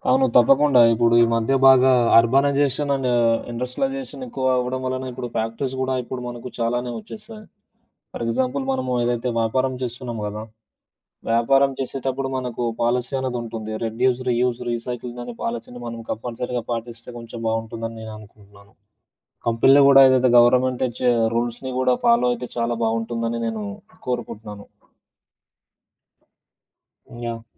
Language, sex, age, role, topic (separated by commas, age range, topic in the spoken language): Telugu, male, 25-29, guest, ప్లాస్టిక్ కాలుష్యం గురించి మీ అభిప్రాయం ఏమిటి?